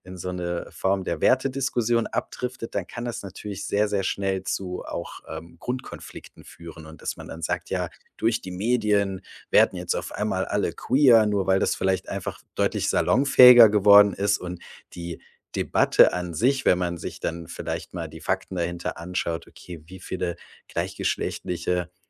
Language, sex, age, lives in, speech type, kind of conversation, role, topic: German, male, 35-39, Germany, conversation, podcast, guest, Wie wirken sich stereotype Figuren in den Medien auf die Gesellschaft aus?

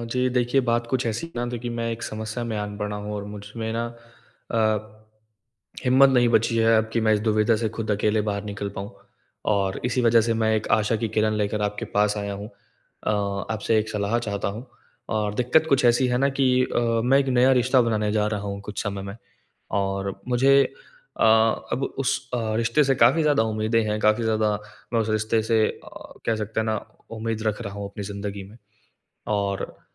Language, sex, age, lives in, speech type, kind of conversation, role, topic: Hindi, male, 20-24, India, conversation, advice, user, मैं नए रिश्ते में सही सीमाएँ कैसे तय करूँ?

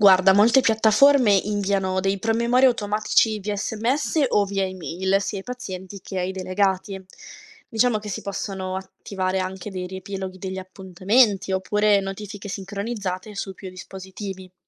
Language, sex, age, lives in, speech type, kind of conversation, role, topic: Italian, female, 20-24, Italy, read, expert-assistant, expert, Quali soluzioni creative potremmo trovare per rendere più semplice l'accesso alle cure mediche?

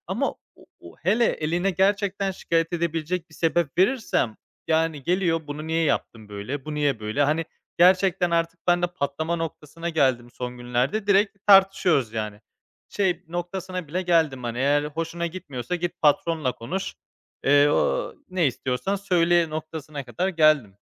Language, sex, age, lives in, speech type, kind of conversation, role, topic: Turkish, male, 25-29, Spain, conversation, advice, user, Ekibinizde bir üyenin sürekli düşük performans göstermesiyle nasıl yüzleşirsiniz?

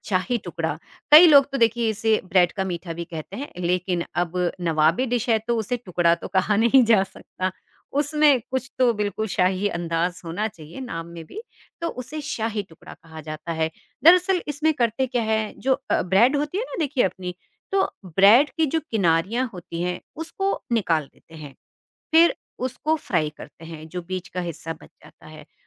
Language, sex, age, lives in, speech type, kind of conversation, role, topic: Hindi, female, 50-54, India, conversation, podcast, guest, त्योहारों पर खाने की तैयारी आप कैसे करते हैं?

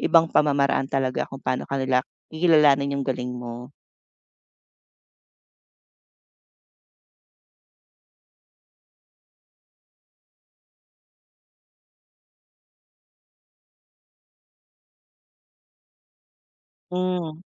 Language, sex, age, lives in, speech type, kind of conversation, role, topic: Filipino, female, 40-44, Philippines, conversation, advice, advisor, Paano ko mapapansin at maipapakita ang kontribusyon ko sa trabaho kung parang hindi ito napapansin ng iba?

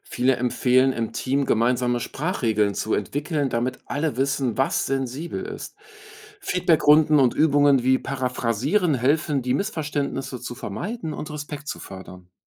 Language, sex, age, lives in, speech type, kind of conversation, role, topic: German, male, 45-49, Germany, read, expert-assistant, expert, Wo finde ich interessante Podcasts oder Videos, die zeigen, wie Sprache unsere Gesellschaft prägt?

